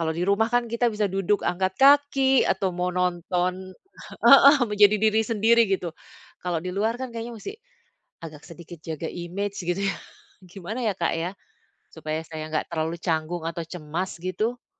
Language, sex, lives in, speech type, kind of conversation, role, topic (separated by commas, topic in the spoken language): Indonesian, female, Netherlands, conversation, advice, user, Apa yang membuat saya merasa canggung saat pesta dan perayaan?